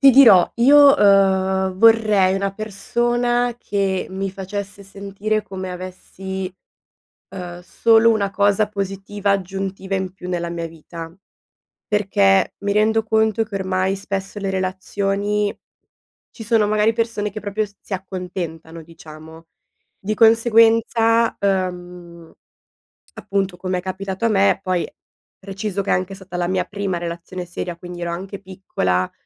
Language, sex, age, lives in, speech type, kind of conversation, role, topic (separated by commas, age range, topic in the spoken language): Italian, female, 20-24, Italy, conversation, advice, user, Come posso affrontare la paura di impegnarmi dopo le relazioni passate?